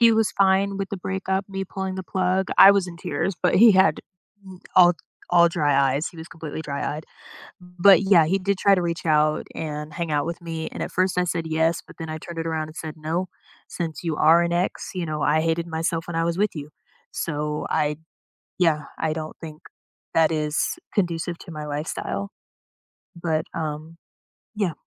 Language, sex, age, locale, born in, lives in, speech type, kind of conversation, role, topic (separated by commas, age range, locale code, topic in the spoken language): English, female, 25-29, en-US, United States, United States, conversation, advice, user, How can I cope and move forward after a breakup?